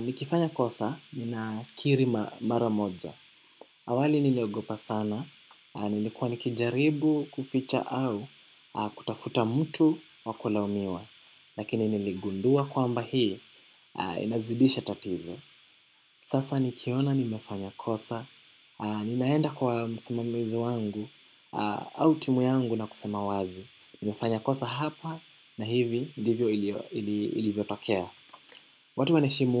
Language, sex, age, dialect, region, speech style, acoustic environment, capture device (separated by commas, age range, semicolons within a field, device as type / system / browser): Swahili, male, 18-24, Kenya - Nairobi Sheng-influenced, Kenya, relaxed, silence, desktop / macOS / Chrome